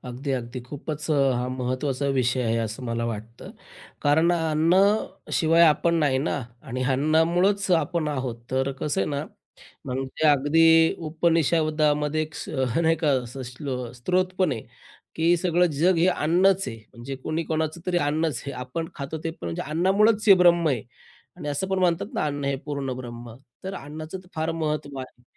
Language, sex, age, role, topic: Marathi, male, 35-39, guest, घरात अन्नाची नासाडी कमी करण्यासाठी तुम्ही कोणते सोपे उपाय अवलंबता?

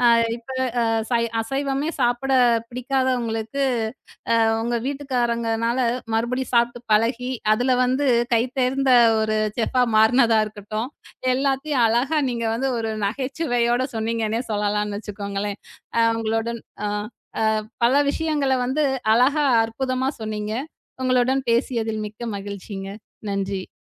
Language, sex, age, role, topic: Tamil, female, 35-39, host, விருந்துக்கான உணவுப் பட்டியலை நீங்கள் எப்படித் திட்டமிடுவீர்கள்?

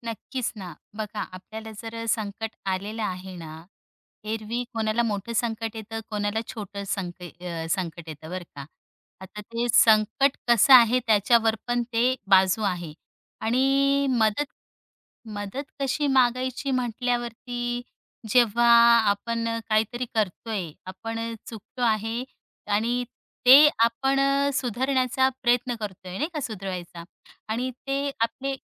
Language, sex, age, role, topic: Marathi, female, 35-39, guest, संकटात मदत कधी आणि कशी मागावी?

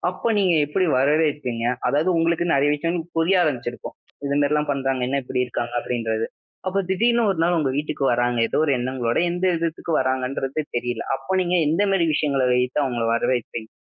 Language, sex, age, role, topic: Tamil, male, 20-24, host, வீட்டில் வரவேற்பான சூழலை உருவாக்க எந்தெந்த சிறிய விஷயங்கள் உதவுகின்றன?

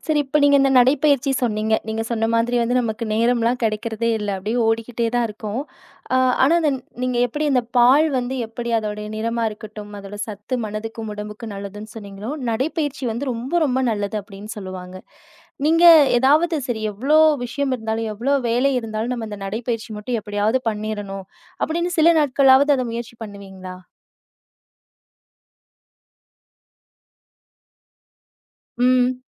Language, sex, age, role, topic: Tamil, female, 30-34, host, மாலைக்கு முன்னமே நல்ல தூக்கத்துக்கு நீங்கள் எப்படி தயாராகிறீர்கள்?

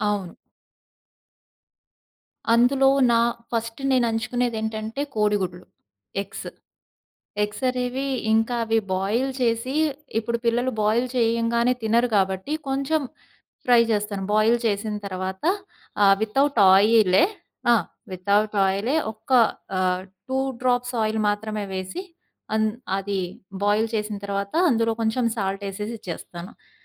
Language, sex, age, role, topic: Telugu, female, 35-39, guest, వంట చేయడంలో మీకు సులభంగా తయారయ్యే ఆరోగ్యకరమైన వంటకాలు ఏవి?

